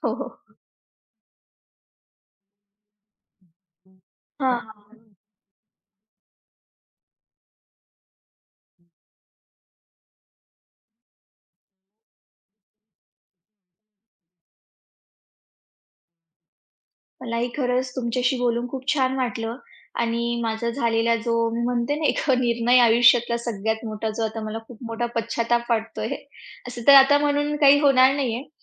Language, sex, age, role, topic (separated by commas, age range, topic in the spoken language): Marathi, female, 40-44, guest, आयुष्यात एखाद्या निर्णयाचा पश्चात्ताप झाला तर तुम्ही काय करता?